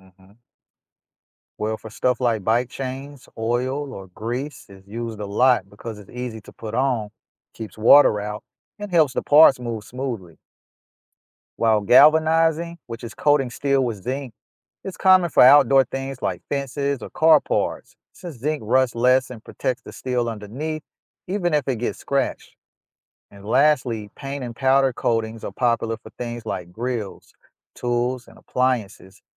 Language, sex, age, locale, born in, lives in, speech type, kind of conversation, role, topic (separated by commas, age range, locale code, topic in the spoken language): English, male, 35-39, en-US, United States, United States, read, expert-assistant, expert, Why do some metals rust while others don't?